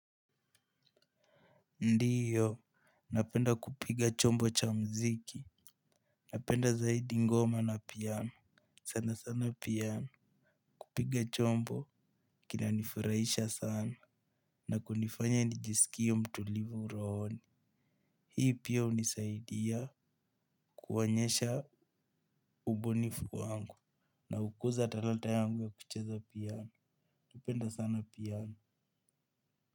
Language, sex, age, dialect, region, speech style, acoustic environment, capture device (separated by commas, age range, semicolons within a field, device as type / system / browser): Swahili, male, 18-24, Kenya - Nairobi Sheng-influenced, Kenya, excited, nature, mobile / Linux / Chrome